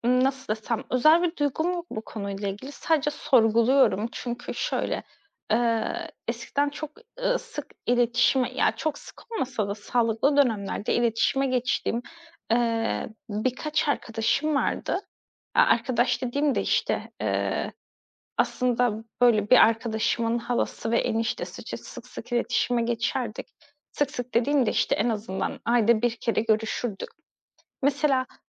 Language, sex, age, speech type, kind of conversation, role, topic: Turkish, female, 30-34, conversation, advice, user, Sosyal hayatımı geliştirmekte veya anlamlı ilişkiler kurmakta neden zorlanıyorum?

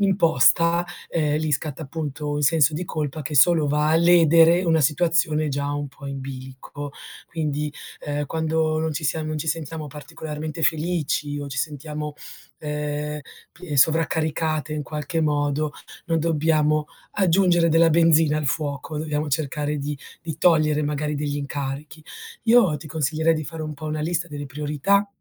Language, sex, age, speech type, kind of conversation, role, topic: Italian, female, 40-44, conversation, advice, advisor, Come posso smettere di procrastinare e costruire l’abitudine di iniziare subito?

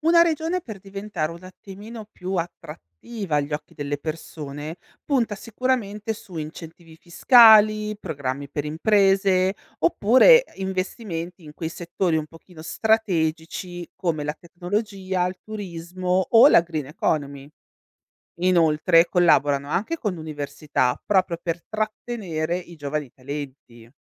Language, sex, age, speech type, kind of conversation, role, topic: Italian, female, 35-39, read, expert-assistant, expert, Come cambia la vita nelle diverse regioni d’Italia?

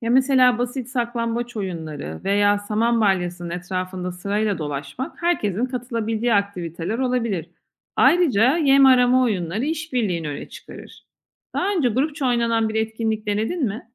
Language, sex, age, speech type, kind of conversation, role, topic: Turkish, female, 40-44, read, expert-assistant, expert, Hayvanların günlük yaşamını iyileştirmenin yaratıcı yolları neler olabilir?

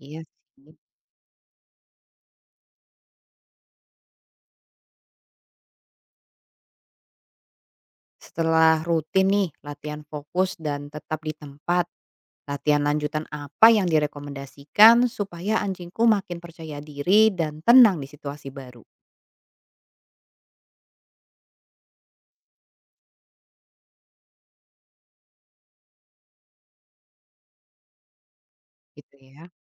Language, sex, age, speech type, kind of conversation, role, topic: Indonesian, female, 35-39, read, expert-assistant, asker, Di antara berbagai trik yang bisa diajarkan ke hewan peliharaan, mana yang paling penting untuk dipelajari lebih dulu dan kenapa?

